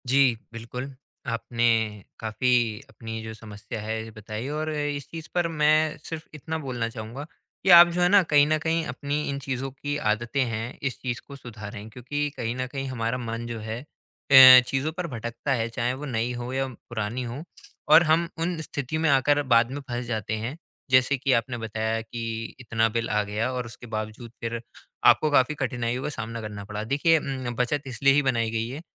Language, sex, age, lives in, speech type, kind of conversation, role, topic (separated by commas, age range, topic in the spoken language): Hindi, male, 20-24, India, conversation, advice, advisor, मैं खरीदारी के लिए सही बजट कैसे बनाऊँ?